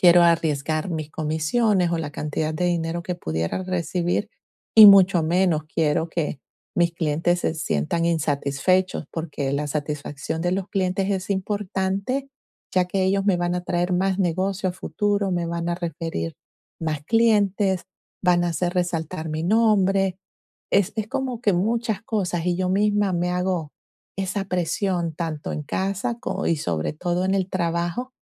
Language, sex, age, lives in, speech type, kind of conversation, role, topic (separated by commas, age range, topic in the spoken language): Spanish, female, 45-49, United States, conversation, advice, user, ¿Cómo puedo superar el perfeccionismo para terminar mis proyectos a tiempo?